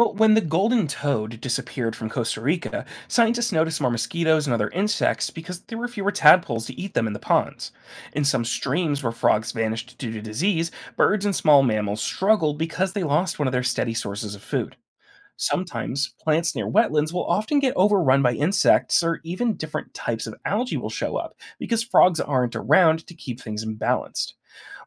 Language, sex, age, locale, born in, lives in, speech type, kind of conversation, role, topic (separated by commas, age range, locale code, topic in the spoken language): English, male, 25-29, en-US, United States, United States, read, expert-assistant, expert, Why do some frogs change gender in life?